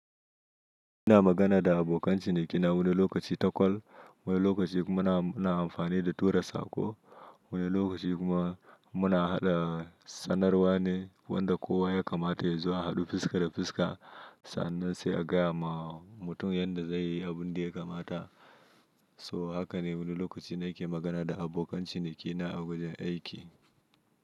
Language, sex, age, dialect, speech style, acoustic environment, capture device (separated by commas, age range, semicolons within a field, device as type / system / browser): Hausa, male, 25-34, Nigeria - Nigerian Standard, relaxed, silence; nature, mobile / Linux / Chrome